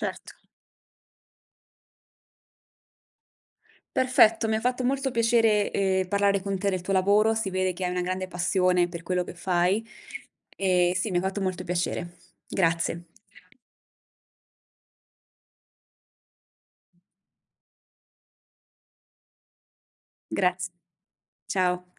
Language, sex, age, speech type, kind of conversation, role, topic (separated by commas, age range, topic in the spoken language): Italian, female, 30-34, conversation, podcast, host, Com’è una tua giornata tipo al lavoro, raccontata in modo semplice?